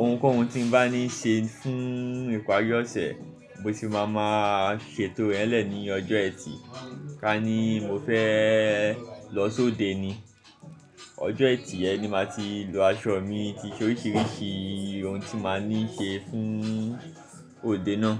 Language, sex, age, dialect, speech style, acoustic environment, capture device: Yoruba, male, 25-34, Nigeria - Lagos Yoruba, focused, music, mobile / Linux / Chrome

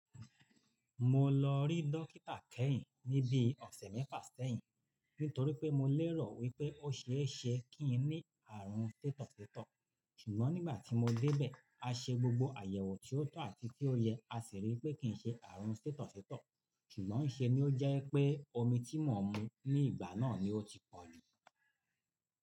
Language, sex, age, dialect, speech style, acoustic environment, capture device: Yoruba, male, 45-54, Nigeria - Lagos Yoruba, focused, appliances, mobile / Linux / Chrome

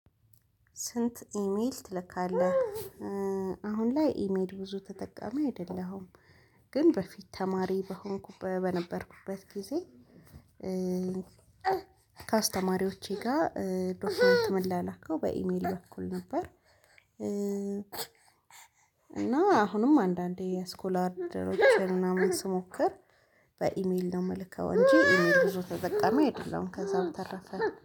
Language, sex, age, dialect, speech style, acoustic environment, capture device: Amharic, female, 25-34, Ethiopia - Addis Ababa, relaxed, people talking, mobile / Linux / Chrome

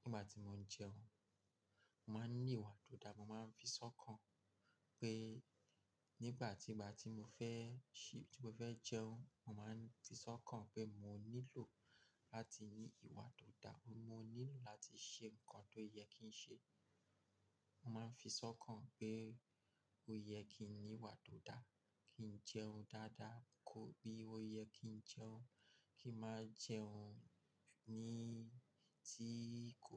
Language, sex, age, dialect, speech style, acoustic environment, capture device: Yoruba, male, 35-44, Nigeria - Lagos Yoruba, focused, silence, mobile / Linux / Chrome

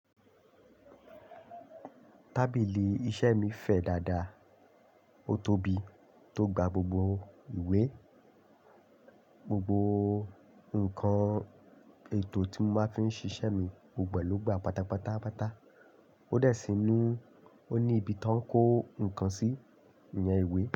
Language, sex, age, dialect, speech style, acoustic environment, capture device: Yoruba, male, 25-34, Nigeria - Lagos Yoruba, happy, nature; silence, desktop / Linux / Chrome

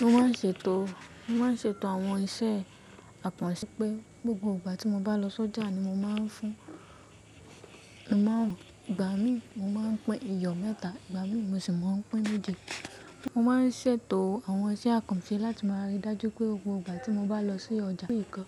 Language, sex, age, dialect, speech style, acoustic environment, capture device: Yoruba, female, 18-24, Nigeria - Ibadan, relaxed, nature, mobile / macOS / Safari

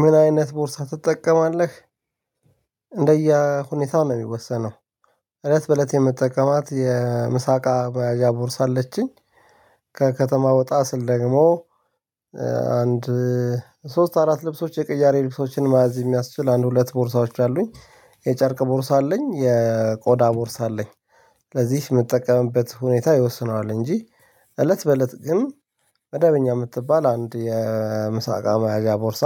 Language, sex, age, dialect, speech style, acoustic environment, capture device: Amharic, male, 35-44, Ethiopia - Addis Ababa, relaxed; excited, nature, mobile / Linux / Chrome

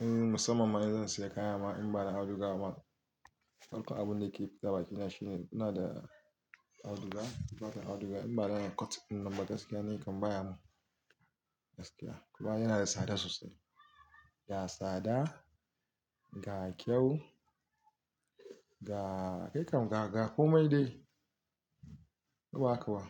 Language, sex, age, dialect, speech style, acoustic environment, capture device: Hausa, male, 35-44, Nigeria - Kano, relaxed, nature, mobile / Linux / Chrome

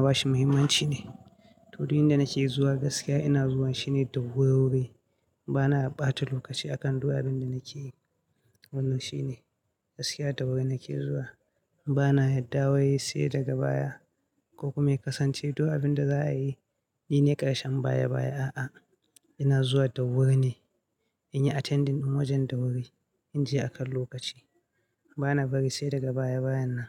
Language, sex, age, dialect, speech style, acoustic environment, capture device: Hausa, male, 25-34, Nigeria - Katsina, happy; anxious; nervous; excited; focused; tired; stressed; relaxed, people talking; music; nature; silence; construction; appliances; traffic, mobile / Linux / Chrome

